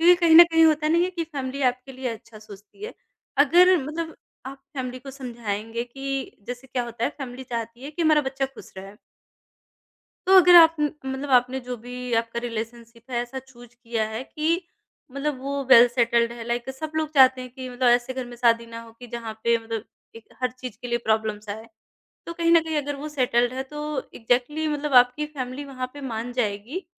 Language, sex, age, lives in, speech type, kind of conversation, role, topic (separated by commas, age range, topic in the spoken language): Hindi, male, 30-34, India, conversation, podcast, guest, Kaise manage karte ho jab tradition aur modern zindagi mein takraav ho jata hai?